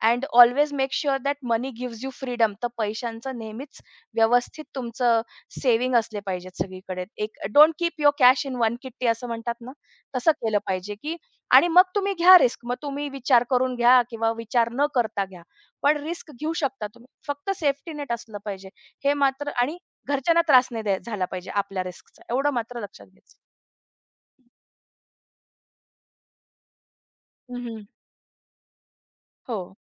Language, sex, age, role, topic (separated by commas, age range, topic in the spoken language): Marathi, female, 35-39, guest, तुम्हाला जोखीम घेणं कधी फायदेशीर वाटतं?